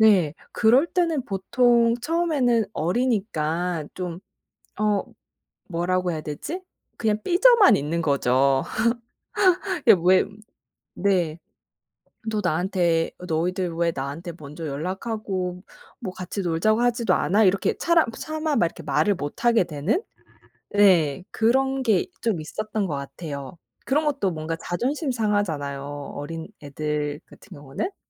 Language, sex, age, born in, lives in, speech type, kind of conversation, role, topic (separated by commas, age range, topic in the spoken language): Korean, female, 30-34, South Korea, United States, conversation, podcast, guest, 친구 그룹에서 소외된다고 느낄 때 보통 어떻게 대응하시나요?